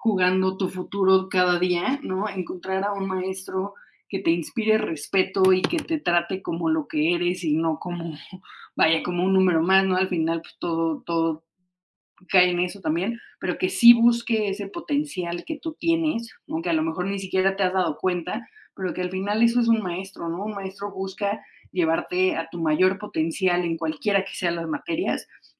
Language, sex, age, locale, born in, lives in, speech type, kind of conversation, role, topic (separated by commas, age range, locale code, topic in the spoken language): Spanish, female, 30-34, es-MX, Mexico, Mexico, conversation, unstructured, none, ¿Recuerdas a algún maestro que te haya inspirado mucho?